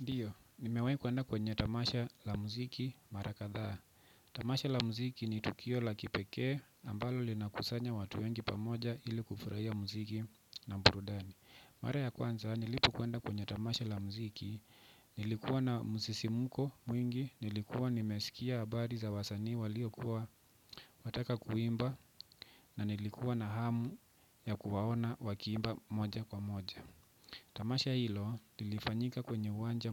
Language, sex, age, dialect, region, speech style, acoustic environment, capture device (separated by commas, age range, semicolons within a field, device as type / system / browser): Swahili, male, 25-34, Kenya - Nairobi Sheng-influenced, Kenya, relaxed, silence, desktop / Windows / Chrome